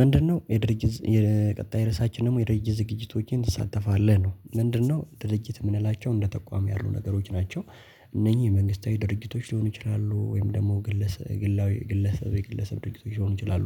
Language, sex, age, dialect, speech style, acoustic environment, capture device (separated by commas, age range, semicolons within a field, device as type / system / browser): Amharic, male, 18-24, Ethiopia - Addis Ababa, focused, silence, mobile / Linux / Chrome